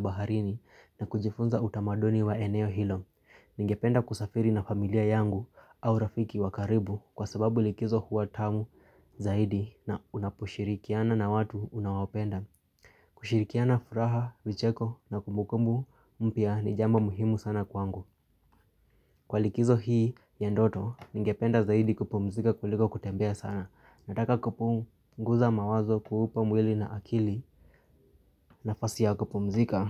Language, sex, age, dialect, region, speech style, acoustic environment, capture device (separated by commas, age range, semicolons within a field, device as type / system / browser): Swahili, male, 25-34, Kenya - Nairobi Sheng-influenced, Kenya, excited; focused, people talking; nature; construction, mobile / Linux / Chrome